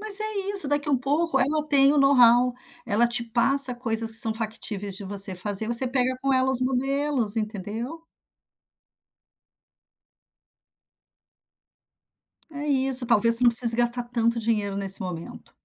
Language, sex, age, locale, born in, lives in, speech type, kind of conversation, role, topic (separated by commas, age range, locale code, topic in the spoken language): Portuguese, female, 55-59, pt-BR, Brazil, United States, conversation, advice, advisor, Como posso avaliar se devo abrir um negócio próprio, considerando o risco financeiro?